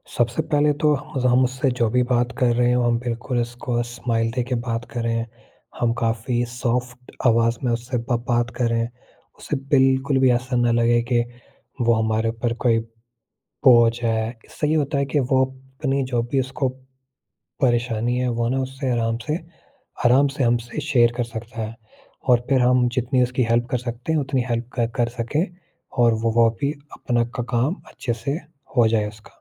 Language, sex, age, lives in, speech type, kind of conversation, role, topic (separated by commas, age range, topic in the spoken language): Hindi, male, 18-19, India, conversation, podcast, guest, Kisi safar mein tumne kabhi koi anjaani aur unexpected meharbani dekhi ho, toh tumhe kaisa laga?